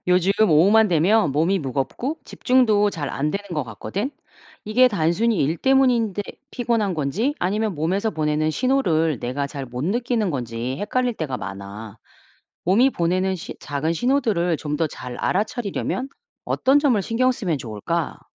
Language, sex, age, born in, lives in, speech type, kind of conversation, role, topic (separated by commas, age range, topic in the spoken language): Korean, female, 45-49, South Korea, United States, read, expert-assistant, asker, 몸이 보내는 신호를 잘 알아채려면 어떻게 해야 할까요?